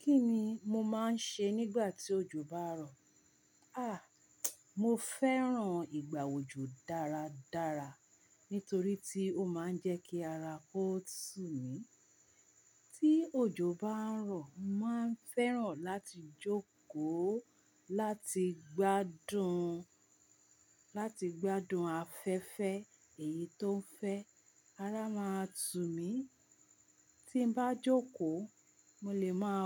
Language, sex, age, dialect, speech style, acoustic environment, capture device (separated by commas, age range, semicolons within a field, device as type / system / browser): Yoruba, female, 45-54, Nigeria - Ibadan, relaxed, silence, mobile / Linux / Chrome